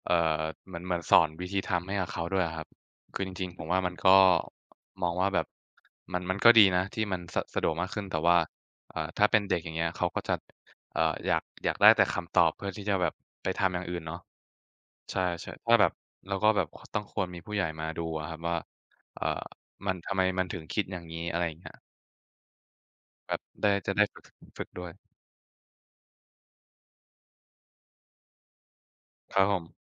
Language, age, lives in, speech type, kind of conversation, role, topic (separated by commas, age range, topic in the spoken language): Thai, 20-24, Thailand, conversation, unstructured, none, คุณเคยรู้สึกประหลาดใจเวลาได้ลองใช้เทคโนโลยีใหม่ๆ ไหม?